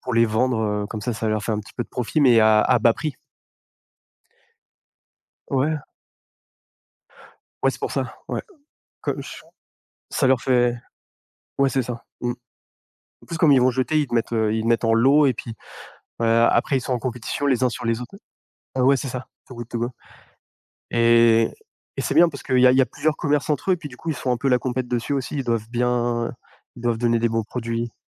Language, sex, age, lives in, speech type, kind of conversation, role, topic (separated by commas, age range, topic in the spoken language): French, male, 30-34, France, conversation, unstructured, none, Comment trouves-tu un équilibre entre le plaisir et les économies ?